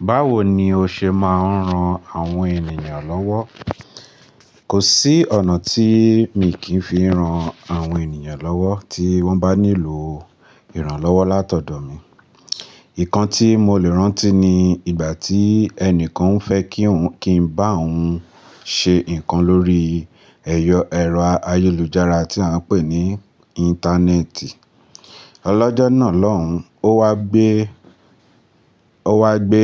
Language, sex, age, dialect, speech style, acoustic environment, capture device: Yoruba, male, 25-34, Nigeria - Lagos Yoruba, relaxed; focused, appliances; nature, mobile / Linux / Chrome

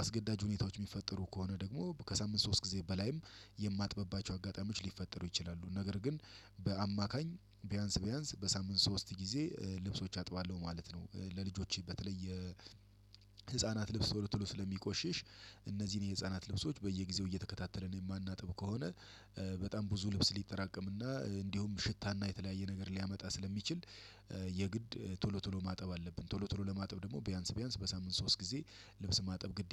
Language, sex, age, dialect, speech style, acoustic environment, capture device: Amharic, male, 35-44, Ethiopia - Addis Ababa, relaxed; happy; excited, nature; silence, desktop / Windows / Chrome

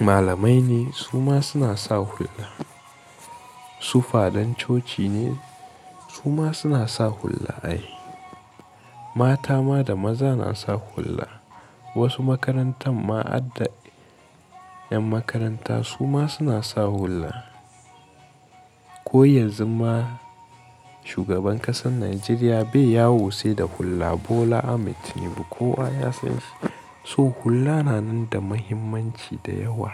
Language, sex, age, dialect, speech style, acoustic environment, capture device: Hausa, male, 18-24, Nigeria - Katsina, relaxed; excited, music; people talking, mobile / Linux / Chrome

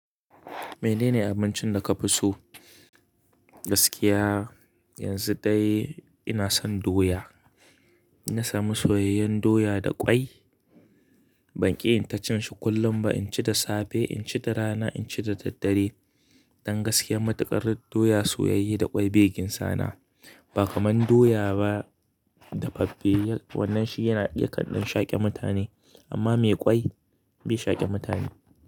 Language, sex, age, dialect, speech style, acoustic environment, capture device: Hausa, male, 25-34, Nigeria - Kano, excited; happy; relaxed, nature, mobile / Linux / Chrome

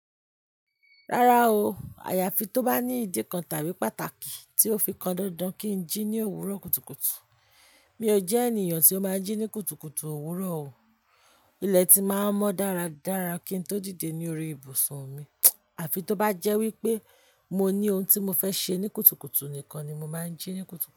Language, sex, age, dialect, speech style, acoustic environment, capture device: Yoruba, female, 25-34, Nigeria - Ibadan, happy, people talking, mobile / Linux / Chrome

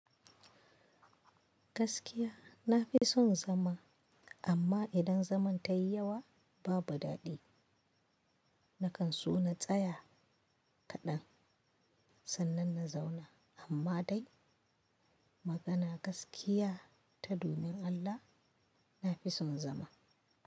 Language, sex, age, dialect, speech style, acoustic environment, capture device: Hausa, female, 25-34, Nigeria - Kano, happy; anxious; excited; focused, nature; silence, mobile / Linux / Chrome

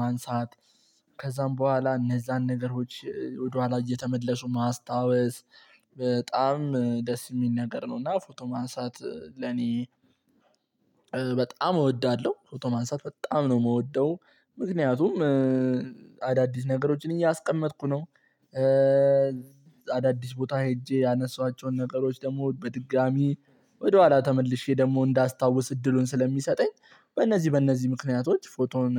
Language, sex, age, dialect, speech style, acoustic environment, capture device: Amharic, male, 18-24, Ethiopia - Addis Ababa, relaxed, silence, mobile / Linux / Chrome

